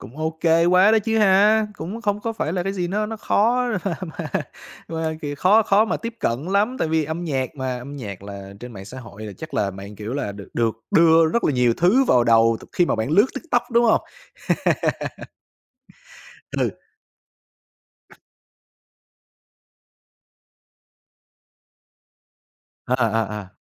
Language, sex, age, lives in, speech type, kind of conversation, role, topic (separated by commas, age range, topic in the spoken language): Vietnamese, male, 25-29, Vietnam, conversation, podcast, host, Mạng xã hội ảnh hưởng đến gu nhạc của bạn như thế nào?